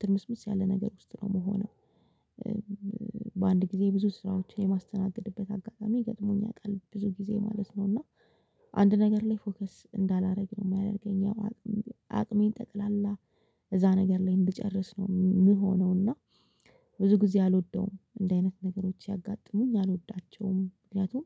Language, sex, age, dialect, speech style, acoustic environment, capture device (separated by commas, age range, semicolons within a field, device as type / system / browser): Amharic, female, 25-34, Ethiopia - Addis Ababa, relaxed, silence, mobile / Linux / Chrome